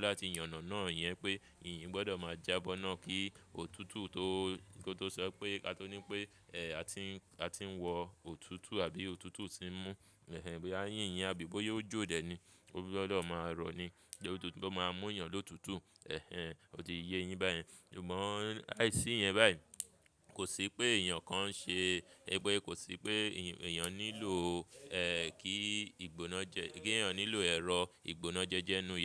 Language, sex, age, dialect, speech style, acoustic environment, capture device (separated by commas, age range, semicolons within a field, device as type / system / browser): Yoruba, male, 25-34, Nigeria - Lagos Yoruba, focused, people talking; traffic, mobile / Linux / Chrome